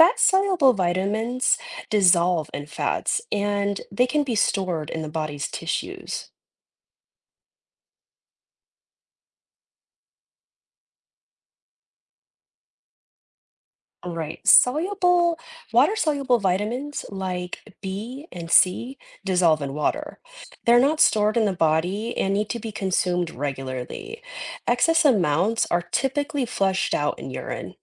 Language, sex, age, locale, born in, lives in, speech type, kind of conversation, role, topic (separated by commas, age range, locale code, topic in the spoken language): English, female, 30-34, en-US, United States, United States, read, expert-assistant, expert, Why do we need vitamins?